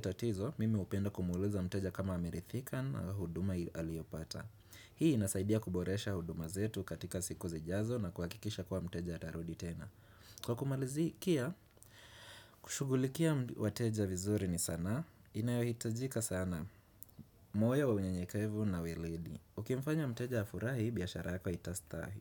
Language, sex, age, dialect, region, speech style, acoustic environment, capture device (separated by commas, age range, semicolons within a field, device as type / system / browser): Swahili, male, 25-34, Kenya - Nairobi Sheng-influenced, Kenya, relaxed, people talking; nature, desktop / Windows / Chrome